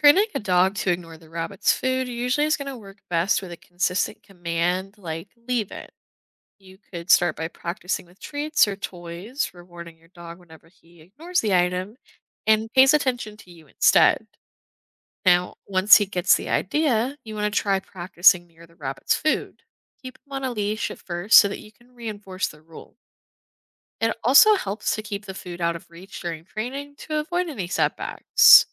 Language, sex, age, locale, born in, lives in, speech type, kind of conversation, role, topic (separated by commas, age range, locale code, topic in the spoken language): English, female, 20-24, en-US, United States, United States, read, expert-assistant, expert, How can routines be coordinated for pets with different needs?